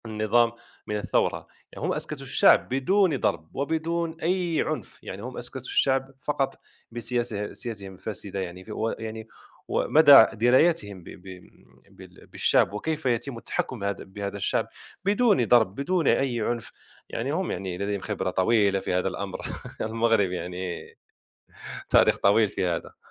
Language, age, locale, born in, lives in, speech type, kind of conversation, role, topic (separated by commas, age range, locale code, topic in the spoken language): Arabic, 30-34, ar-MA, Morocco, France, conversation, unstructured, none, إزاي الربيع العربي أثّر على المجتمعات العربية؟